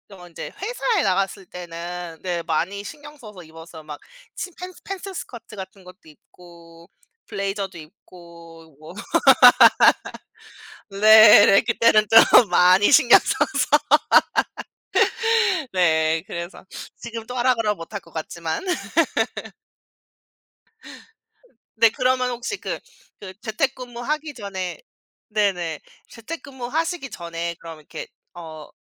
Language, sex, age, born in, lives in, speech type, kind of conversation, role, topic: Korean, female, 35-39, South Korea, United States, conversation, unstructured, none, 매일 다른 스타일의 옷을 입는 것과 편한 옷을 반복해서 입는 것 중 어떤 쪽을 더 선호하시나요?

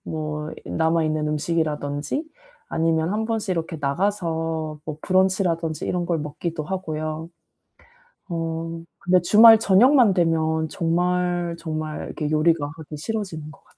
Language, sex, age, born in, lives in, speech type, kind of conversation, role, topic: Korean, female, 35-39, South Korea, South Korea, conversation, advice, user, 주말에도 평일처럼 규칙적으로 식사하는 실용적인 방법이 있을까요?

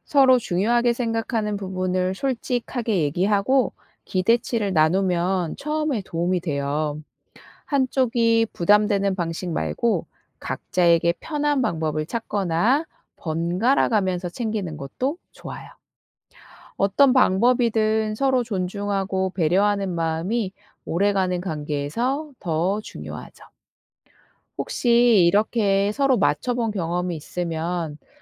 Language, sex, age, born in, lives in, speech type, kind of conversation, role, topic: Korean, female, 35-39, South Korea, South Korea, read, expert-assistant, expert, 왜 우리는 생일이나 기념일을 특별하게 생각할까요?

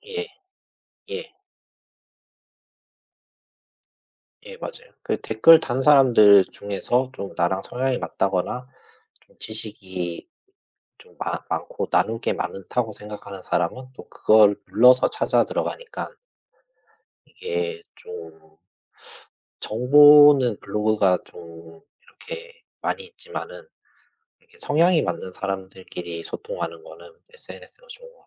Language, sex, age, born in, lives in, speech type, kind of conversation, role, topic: Korean, male, 40-44, South Korea, Japan, conversation, unstructured, none, SNS와 블로그 중 어떤 플랫폼이 소통에 더 적합하다고 생각하시나요?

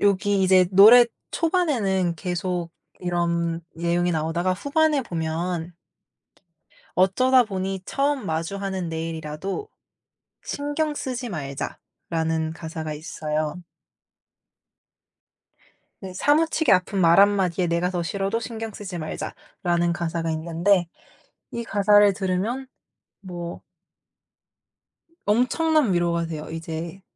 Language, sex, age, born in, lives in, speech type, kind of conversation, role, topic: Korean, female, 18-19, South Korea, United States, conversation, podcast, guest, 음악을 들으면 떠오르는 특별한 추억 하나를 들려주실 수 있나요?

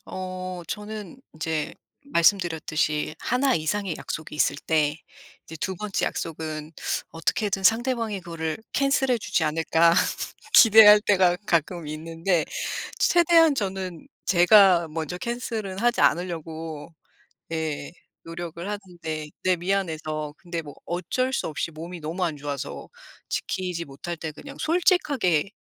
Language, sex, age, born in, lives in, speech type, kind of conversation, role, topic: Korean, female, 40-44, South Korea, United States, conversation, unstructured, none, 약속을 지키지 못했을 때 어떻게 진정성 있게 사과하면 좋을까요?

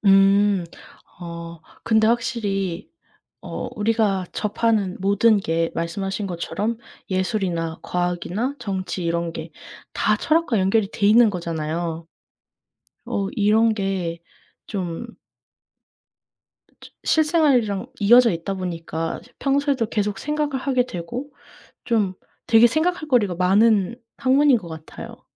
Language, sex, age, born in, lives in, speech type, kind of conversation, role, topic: Korean, female, 20-24, South Korea, United States, conversation, unstructured, none, 학교 다닐 때 가장 기억에 남는 수업은 무엇이었나요?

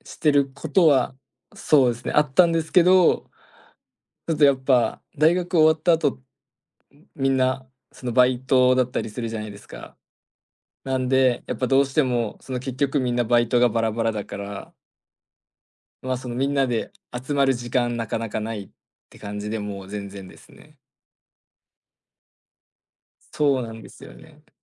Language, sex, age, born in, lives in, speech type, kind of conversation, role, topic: Japanese, male, 20-24, Japan, Japan, conversation, advice, user, 忙しくて運動の時間が取れないことで、どんなストレスを感じていますか？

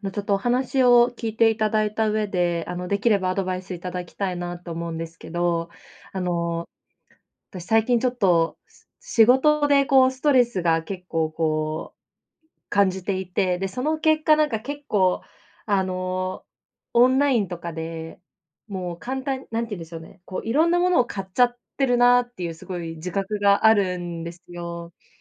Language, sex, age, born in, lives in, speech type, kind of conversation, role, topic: Japanese, female, 25-29, Japan, Japan, conversation, advice, user, ストレスがたまったときに無駄遣いを防ぐには、どんな習慣を身につければよいですか？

